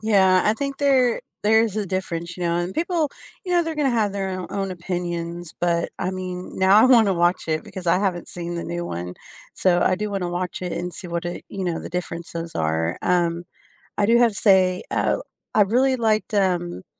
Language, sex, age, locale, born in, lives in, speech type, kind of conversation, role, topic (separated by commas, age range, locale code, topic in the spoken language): English, female, 35-39, en-US, United States, United States, conversation, unstructured, none, When do remakes or updates actually improve a classic for you?